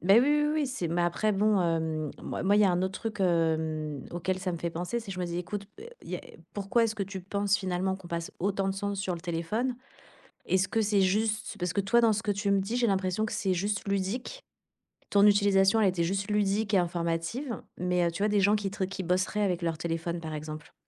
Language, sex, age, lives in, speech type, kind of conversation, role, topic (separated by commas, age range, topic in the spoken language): French, female, 40-44, Spain, conversation, podcast, host, À ton avis, pourquoi vérifie-t-on sans cesse son téléphone ?